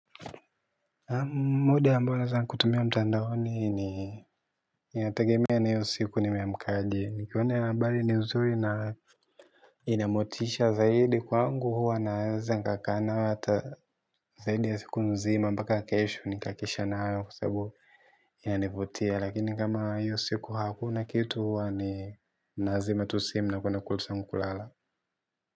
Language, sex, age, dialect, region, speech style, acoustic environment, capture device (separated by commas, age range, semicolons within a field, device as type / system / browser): Swahili, male, 18-24, Tanzania - Dar es Salaam, Tanzania, happy; focused, silence, mobile / Linux / Chrome